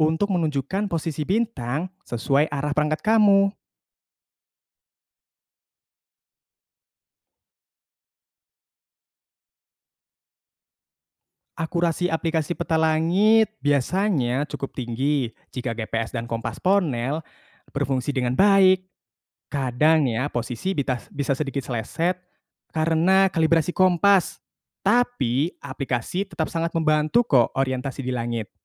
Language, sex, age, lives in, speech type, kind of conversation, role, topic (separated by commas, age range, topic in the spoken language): Indonesian, male, 20-24, Indonesia, read, expert-assistant, expert, Kenapa bintang-bintang di langit malam terlihat lebih redup dari biasanya? Apa yang bisa menjadi penyebabnya?